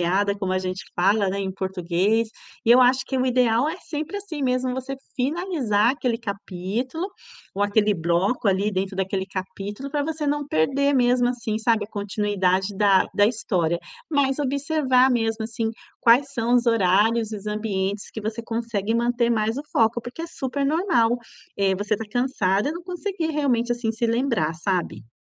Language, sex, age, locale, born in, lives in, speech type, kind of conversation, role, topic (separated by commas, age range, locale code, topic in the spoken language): Portuguese, female, 45-49, pt-BR, Brazil, Italy, conversation, advice, advisor, Como posso manter o foco durante longas sessões de trabalho ou estudo?